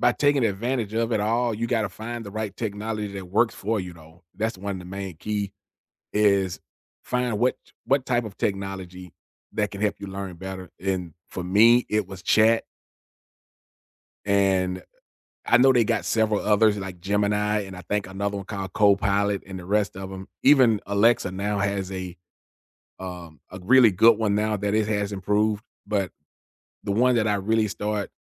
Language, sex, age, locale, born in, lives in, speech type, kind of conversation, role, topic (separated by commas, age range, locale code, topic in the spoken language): English, male, 50-54, en-US, United States, United States, conversation, unstructured, none, How can technology help people learn more effectively?